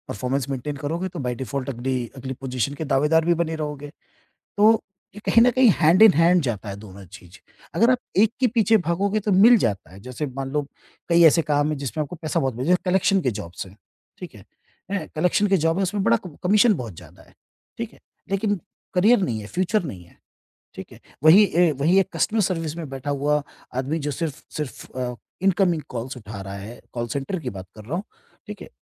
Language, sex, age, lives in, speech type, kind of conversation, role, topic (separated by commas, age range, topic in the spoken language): Hindi, male, 50-54, India, conversation, podcast, guest, Career mein tum turant salary badhotri choose karoge ya long-term growth?